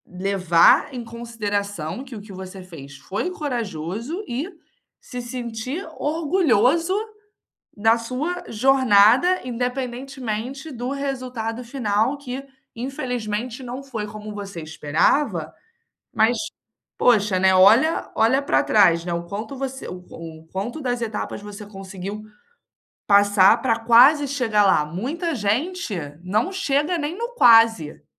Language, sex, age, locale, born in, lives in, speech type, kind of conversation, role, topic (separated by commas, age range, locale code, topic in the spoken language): Portuguese, female, 25-29, pt-BR, Brazil, Spain, conversation, advice, advisor, Como posso recuperar a minha confiança depois de um revés?